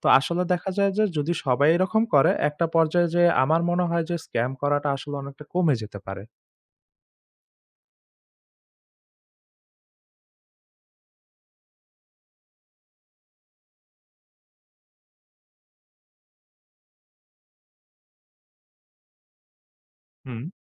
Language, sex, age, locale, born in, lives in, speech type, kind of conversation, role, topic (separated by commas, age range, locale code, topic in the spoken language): Bengali, male, 20-24, bn-BD, Bangladesh, Bangladesh, conversation, podcast, guest, অনলাইন স্ক্যামের শিকার হলে প্রথমে আপনি কী করবেন?